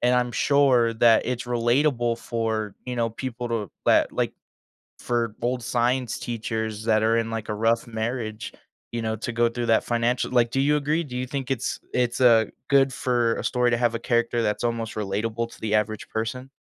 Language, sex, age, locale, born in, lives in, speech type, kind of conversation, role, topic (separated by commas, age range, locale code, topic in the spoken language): English, male, 20-24, en-US, United States, United States, conversation, podcast, host, What makes a story memorable and engaging across different types of media?